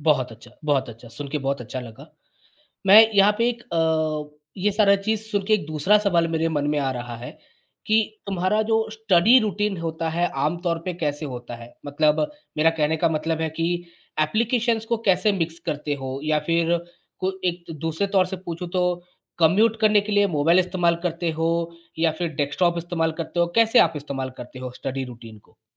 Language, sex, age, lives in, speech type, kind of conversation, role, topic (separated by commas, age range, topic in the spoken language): Hindi, male, 30-34, India, conversation, podcast, host, Kaunse apps ya websites tum self-learning ke liye use karte ho?